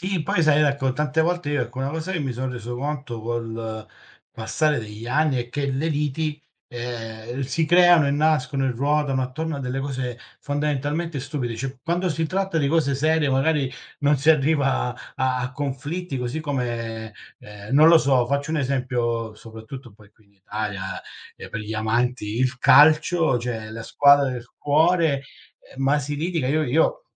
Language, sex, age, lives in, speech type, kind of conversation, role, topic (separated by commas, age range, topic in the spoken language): Italian, male, 40-44, Italy, conversation, unstructured, none, Come gestisci i conflitti con un familiare stretto?